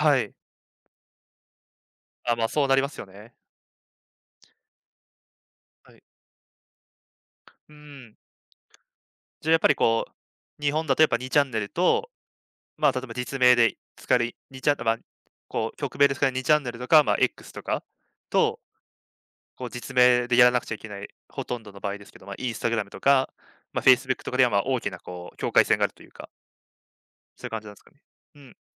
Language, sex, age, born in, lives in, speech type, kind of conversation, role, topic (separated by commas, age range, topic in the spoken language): Japanese, male, 20-24, Japan, Japan, conversation, podcast, host, オンラインでの匿名性について、どう感じますか？